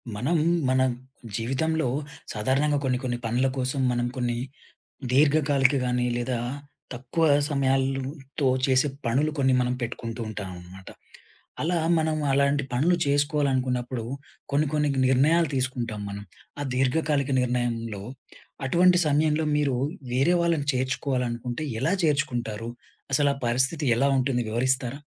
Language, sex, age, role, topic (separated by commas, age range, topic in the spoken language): Telugu, male, 30-34, host, మీరు ఇతరులను మీ దీర్ఘకాలిక నిర్ణయాల్లో ఎలా భాగస్వాములను చేస్తారు?